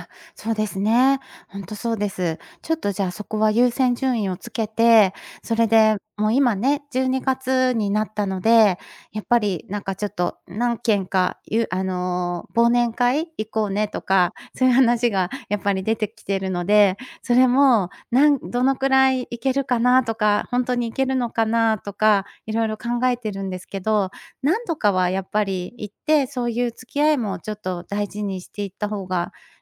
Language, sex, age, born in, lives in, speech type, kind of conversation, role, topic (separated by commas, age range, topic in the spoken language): Japanese, female, 50-54, Japan, Japan, conversation, advice, user, 仕事が忙しくて家族や友人との関係が壊れそうだと感じて悩んでいるのですが、どうすればいいですか？